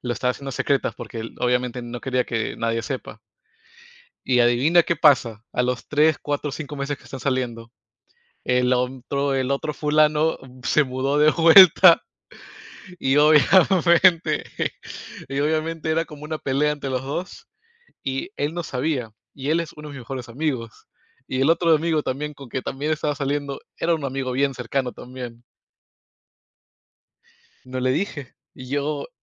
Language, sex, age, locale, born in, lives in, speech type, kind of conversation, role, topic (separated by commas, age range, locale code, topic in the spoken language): Spanish, male, 25-29, es-PE, United States, United States, conversation, unstructured, none, ¿Crees que siempre es correcto decir la verdad?